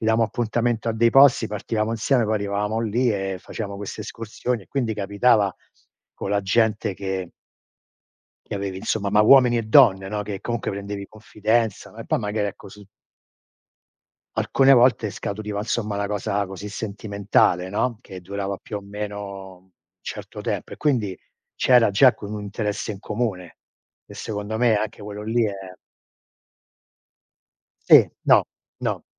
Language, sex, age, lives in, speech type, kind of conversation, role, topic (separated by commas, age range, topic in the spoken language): Italian, male, 60-64, United States, conversation, unstructured, none, Quali valori pensi siano fondamentali per una buona convivenza?